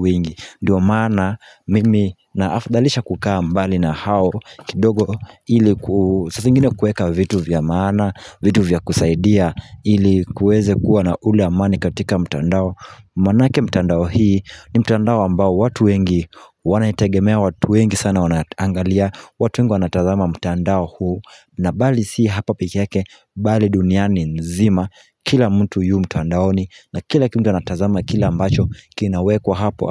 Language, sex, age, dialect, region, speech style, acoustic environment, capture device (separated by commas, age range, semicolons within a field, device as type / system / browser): Swahili, male, 18-24, Kenya - Mombasa, Kenya, happy, nature, mobile / Linux / Chrome